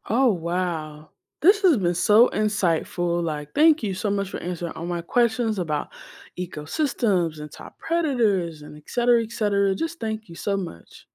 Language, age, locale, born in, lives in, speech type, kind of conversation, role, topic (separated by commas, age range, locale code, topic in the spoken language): English, 25-29, en-US, United States, United States, read, expert-assistant, asker, How does the loss of top predators affect ecosystems?